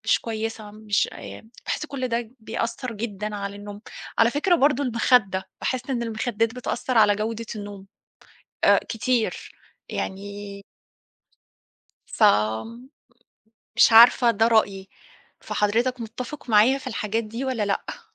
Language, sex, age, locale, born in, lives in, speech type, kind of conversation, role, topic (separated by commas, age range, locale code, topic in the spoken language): Arabic, female, 40-44, ar-EG, Egypt, Portugal, conversation, unstructured, none, إزاي عادات نومك بتأثر على يومك؟